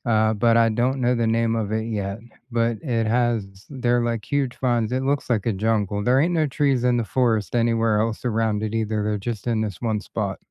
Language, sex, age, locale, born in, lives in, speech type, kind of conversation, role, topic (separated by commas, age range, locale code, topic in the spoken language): English, male, 40-44, en-US, United States, United States, conversation, unstructured, none, What is your favorite nearby nature spot for unplugging?